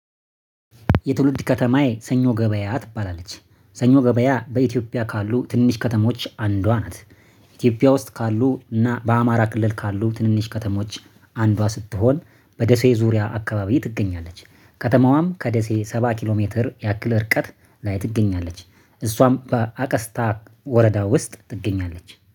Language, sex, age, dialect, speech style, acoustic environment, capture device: Amharic, male, 25-34, Ethiopia - Addis Ababa, happy, nature; silence, mobile / Linux / Chrome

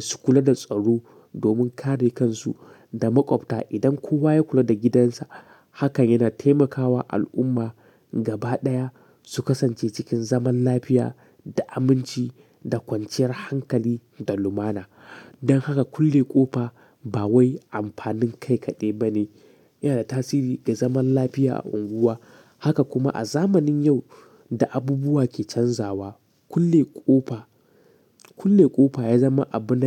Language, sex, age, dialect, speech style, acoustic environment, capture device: Hausa, male, 18-24, Nigeria - Katsina, relaxed; excited; happy, silence, mobile / Linux / Chrome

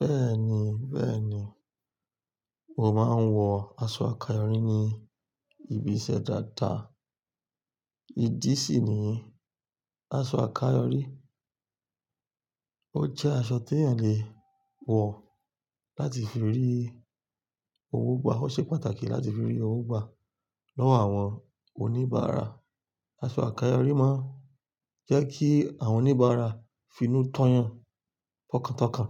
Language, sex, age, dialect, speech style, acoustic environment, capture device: Yoruba, male, 25-34, Nigeria - Lagos Yoruba, relaxed; focused, silence; nature, desktop / Linux / Chrome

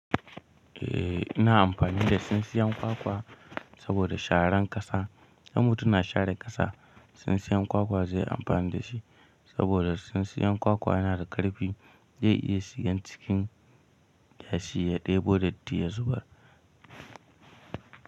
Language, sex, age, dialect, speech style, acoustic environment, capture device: Hausa, male, 18-24, Nigeria - Kano, tired, nature, mobile / Linux / Chrome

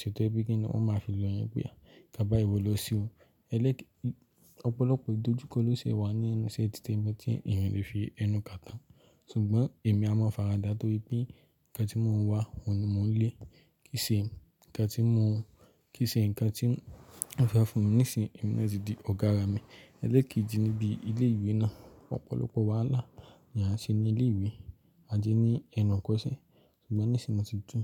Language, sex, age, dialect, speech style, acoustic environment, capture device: Yoruba, male, 18-24, Nigeria - Lagos Yoruba, focused, silence, mobile / Linux / Chrome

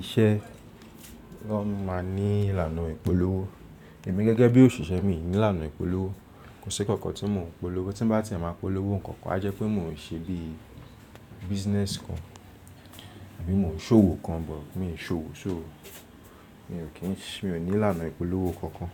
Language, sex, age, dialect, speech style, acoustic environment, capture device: Yoruba, male, 25-34, Nigeria - Lagos Yoruba, relaxed, nature, mobile / Linux / Chrome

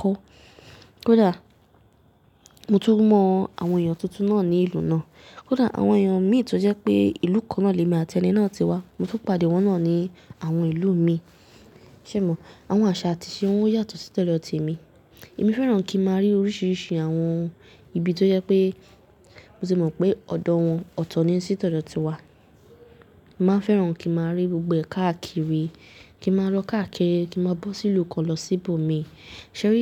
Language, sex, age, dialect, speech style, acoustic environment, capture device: Yoruba, female, 18-24, Nigeria - Nigerian Standard, happy; nervous; excited; tired, people talking; nature, mobile / Linux / Chrome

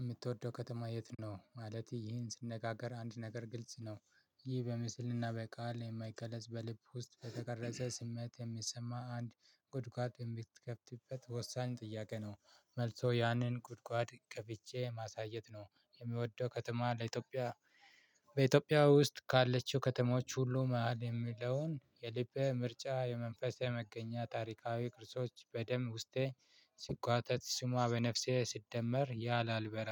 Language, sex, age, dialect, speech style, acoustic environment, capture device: Amharic, male, 25-34, Ethiopia - Addis Ababa, excited, nature, mobile / Linux / Chrome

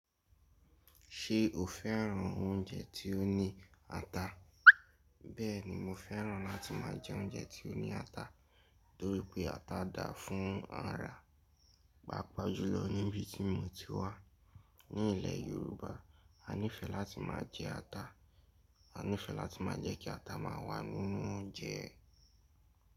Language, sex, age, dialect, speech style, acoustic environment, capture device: Yoruba, male, 18-24, Nigeria - Lagos Yoruba, happy, nature, mobile / Linux / Chrome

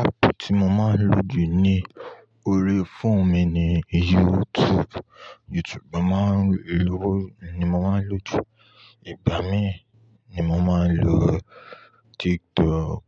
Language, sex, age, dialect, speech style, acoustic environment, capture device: Yoruba, male, 25-34, Nigeria - Ibadan, happy; relaxed, nature, mobile / Linux / Chrome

